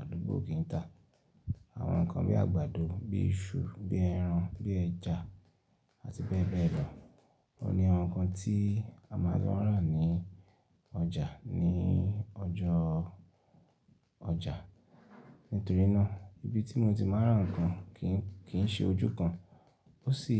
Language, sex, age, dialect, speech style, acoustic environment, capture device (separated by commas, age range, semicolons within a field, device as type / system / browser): Yoruba, male, 25-34, Nigeria - Ibadan, relaxed; happy; focused; excited, silence; nature, desktop / Linux / Chrome